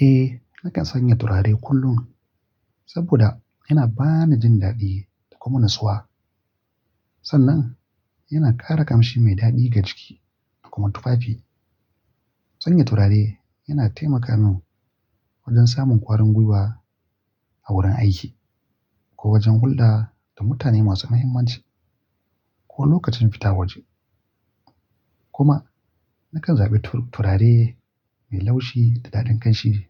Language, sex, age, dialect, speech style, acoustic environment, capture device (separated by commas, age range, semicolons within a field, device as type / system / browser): Hausa, male, 25-34, Nigeria - Kano, relaxed; focused, nature, mobile / Linux / Chrome